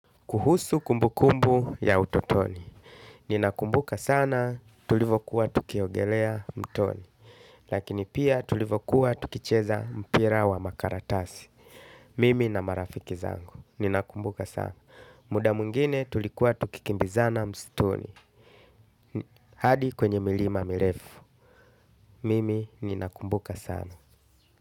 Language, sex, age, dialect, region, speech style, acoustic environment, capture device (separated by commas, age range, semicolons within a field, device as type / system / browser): Swahili, male, 35-44, Tanzania - Dar es Salaam, Tanzania, happy, nature, desktop / Linux / Chrome